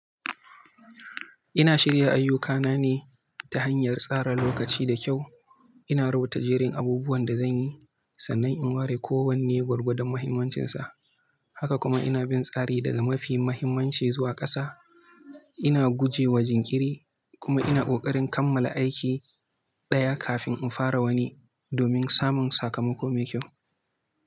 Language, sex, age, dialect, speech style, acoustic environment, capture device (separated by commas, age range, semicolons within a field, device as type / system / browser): Hausa, male, 18-24, Nigeria - Kano, focused, silence, mobile / Linux / Chrome